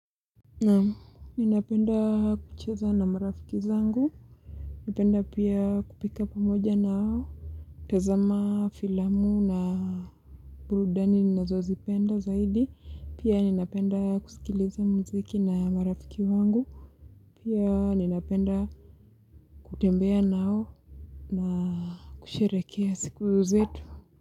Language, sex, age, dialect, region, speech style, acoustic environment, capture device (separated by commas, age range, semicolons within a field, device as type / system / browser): Swahili, female, 25-34, Kenya - Mombasa, Kenya, excited, appliances, mobile / Linux / Chrome